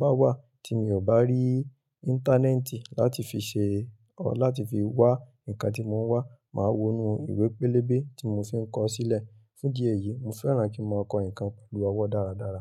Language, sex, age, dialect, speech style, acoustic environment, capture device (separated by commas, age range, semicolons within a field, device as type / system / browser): Yoruba, male, 25-34, Nigeria - Ibadan, happy, traffic, mobile / Linux / Chrome